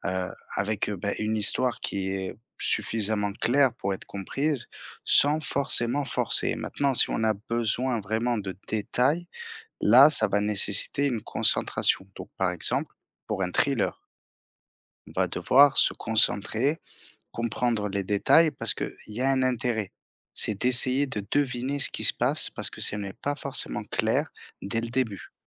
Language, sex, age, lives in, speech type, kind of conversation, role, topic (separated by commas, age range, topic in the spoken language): French, male, 30-34, France, conversation, unstructured, none, Comment choisissez-vous entre lire un livre et regarder un film ?